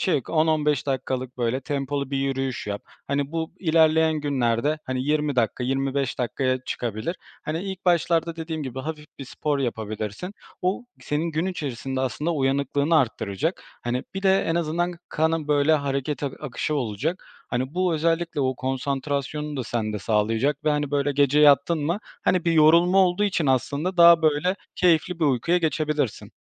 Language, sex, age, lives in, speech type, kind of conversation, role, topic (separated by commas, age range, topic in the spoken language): Turkish, male, 25-29, Poland, conversation, advice, advisor, Gün içinde sürekli uyku hali ve düşük konsantrasyon yaşıyor musunuz?